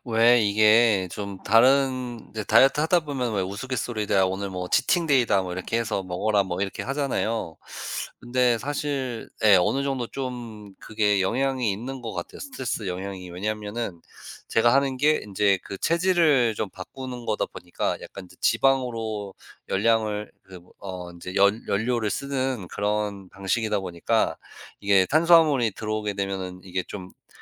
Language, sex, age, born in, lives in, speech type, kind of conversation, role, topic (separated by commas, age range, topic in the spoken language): Korean, male, 35-39, United States, United States, conversation, advice, user, 식단이나 금주를 하다가 유혹에 자꾸 무너지는 이유가 무엇일까요?